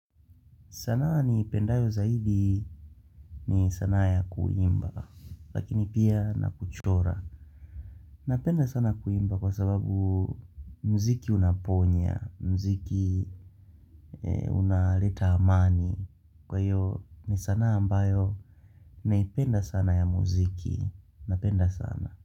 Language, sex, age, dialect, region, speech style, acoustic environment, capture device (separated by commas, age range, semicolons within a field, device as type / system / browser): Swahili, male, 18-24, Tanzania - Dar es Salaam, Tanzania, focused, nature; people talking, mobile / Linux / Chrome